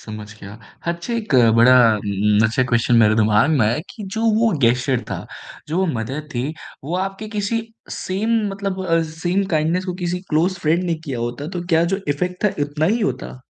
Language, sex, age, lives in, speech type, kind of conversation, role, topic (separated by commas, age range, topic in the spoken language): Hindi, male, 25-29, India, conversation, podcast, host, Kya kabhi kisi anjaan insaan ki chhoti si meherbaani ka aapki zindagi par bahut gehra asar pada hai?